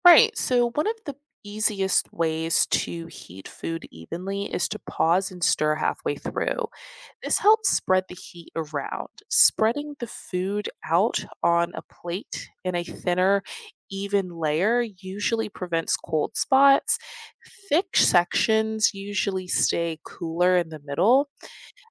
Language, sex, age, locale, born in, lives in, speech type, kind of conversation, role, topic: English, female, 25-29, en-US, United States, United States, read, expert-assistant, expert, How can you safely and effectively reheat food in a microwave?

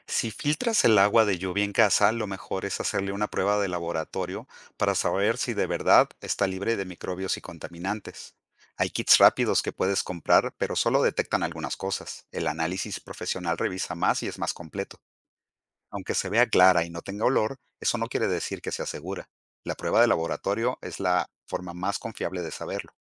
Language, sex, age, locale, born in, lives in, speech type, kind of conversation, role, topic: Spanish, male, 50-54, es-MX, Mexico, Mexico, read, expert-assistant, expert, Cómo funciona el ciclo del agua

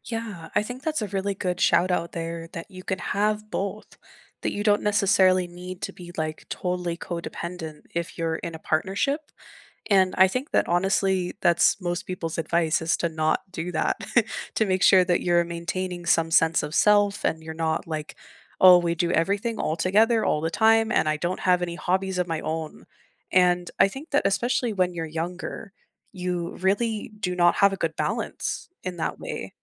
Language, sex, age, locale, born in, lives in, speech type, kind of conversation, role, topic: English, female, 25-29, en-US, United States, Canada, conversation, unstructured, none, How do you balance independence and togetherness in close relationships?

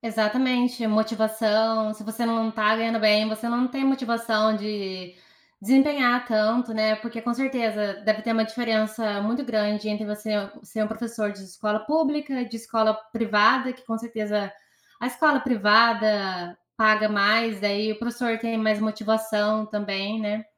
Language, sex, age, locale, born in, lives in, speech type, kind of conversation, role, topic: Portuguese, female, 25-29, pt-BR, Brazil, United States, conversation, unstructured, none, Você acredita que os professores recebem o reconhecimento que merecem?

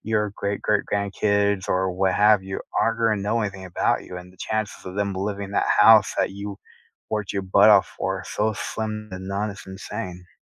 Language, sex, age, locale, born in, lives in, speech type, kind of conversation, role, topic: English, male, 30-34, en-US, United States, United States, conversation, unstructured, none, What are some everyday ways you care for your mental health, and how can we support each other?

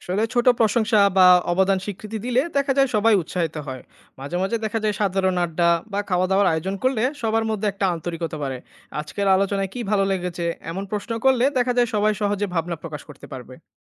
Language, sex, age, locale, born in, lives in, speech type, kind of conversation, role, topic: Bengali, male, 25-29, bn-BD, Bangladesh, Bangladesh, read, expert-assistant, expert, দলে সবাই যেন একসাথে কাজ করে, তার জন্য কী ধরনের পরিকল্পনা বা কৌশল সবচেয়ে বেশি কাজে দেয়?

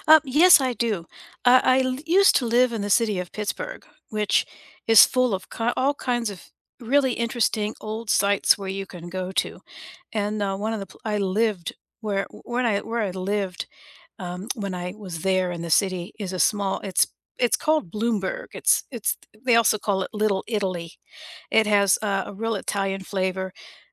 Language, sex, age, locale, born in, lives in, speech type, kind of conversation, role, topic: English, female, 60-64, en-US, United States, United States, conversation, unstructured, none, Which walkable neighborhood do you love exploring, and what makes it feel special to you?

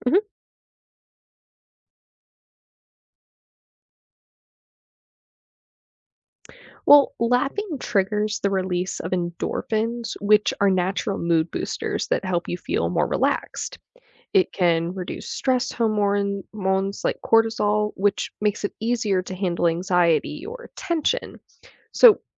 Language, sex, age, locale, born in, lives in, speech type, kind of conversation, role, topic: English, female, 20-24, en-US, United States, United States, read, expert-assistant, expert, How does laughter contribute to emotional and mental health?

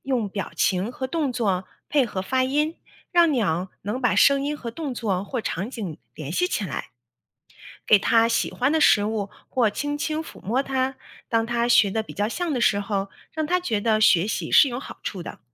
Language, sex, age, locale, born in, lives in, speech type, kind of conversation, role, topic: Chinese, female, 40-44, zh-CN, China, United States, read, expert-assistant, expert, 为什么有些鸟会学人说话？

